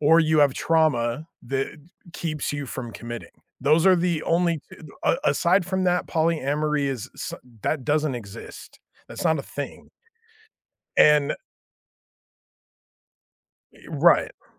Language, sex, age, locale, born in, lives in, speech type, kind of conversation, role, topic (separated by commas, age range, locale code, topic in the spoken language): English, male, 35-39, en-US, United States, United States, conversation, unstructured, none, How can one tell when a relationship has become too routine?